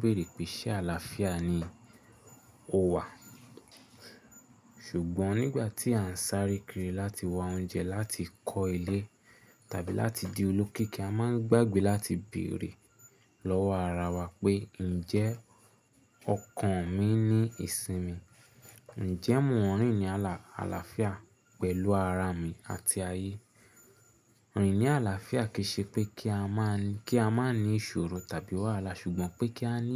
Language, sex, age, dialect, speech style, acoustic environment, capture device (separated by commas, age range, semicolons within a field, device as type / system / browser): Yoruba, male, 25-34, Nigeria - Nigerian Standard, anxious, silence, mobile / Linux / Chrome